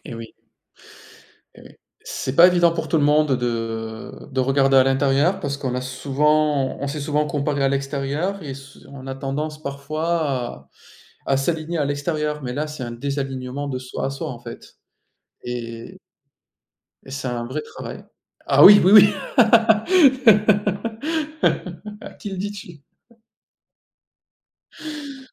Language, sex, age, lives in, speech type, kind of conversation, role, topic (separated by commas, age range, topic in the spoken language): French, male, 40-44, France, conversation, unstructured, none, Pourquoi la santé mentale est-elle encore entourée de tant de tabous aujourd’hui ?